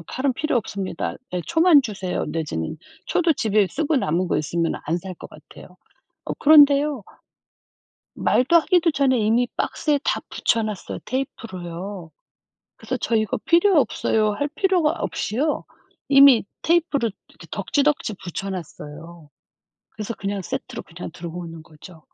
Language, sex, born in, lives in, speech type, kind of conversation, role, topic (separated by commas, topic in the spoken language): Korean, female, South Korea, South Korea, conversation, unstructured, none, 환경 문제를 해결하기 위해 우리가 할 수 있는 일은 무엇일까요?